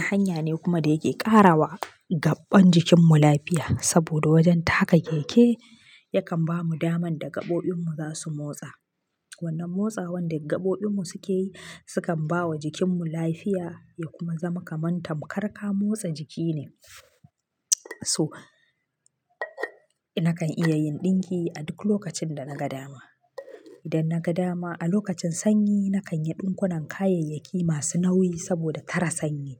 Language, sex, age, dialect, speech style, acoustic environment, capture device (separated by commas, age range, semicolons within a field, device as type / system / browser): Hausa, female, 25-34, Nigeria - Kano, relaxed, nature; silence, mobile / Linux / Chrome